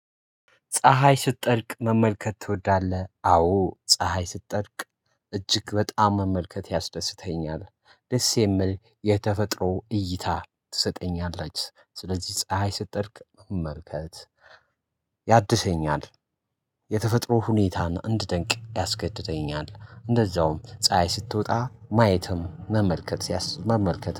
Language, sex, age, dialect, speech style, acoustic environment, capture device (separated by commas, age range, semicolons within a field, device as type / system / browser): Amharic, male, 25-34, Ethiopia - Addis Ababa, relaxed, nature, mobile / Linux / Chrome